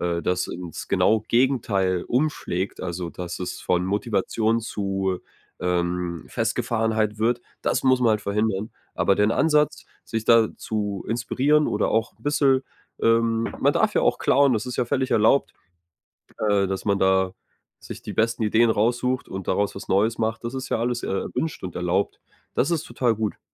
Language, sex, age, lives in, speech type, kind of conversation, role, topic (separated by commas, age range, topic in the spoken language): German, male, 25-29, Germany, conversation, advice, advisor, Wie kann ich öffentlich experimentieren, ohne Angst vor Fehlern zu haben?